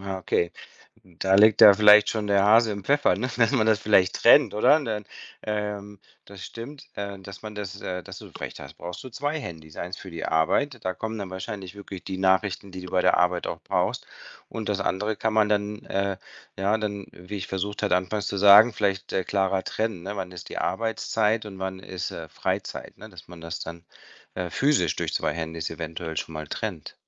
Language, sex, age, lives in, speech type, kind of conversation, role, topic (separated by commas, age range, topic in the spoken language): German, male, 50-54, Spain, conversation, advice, advisor, Wie lenken dich dein Handy und soziale Medien bei der Arbeit am meisten ab?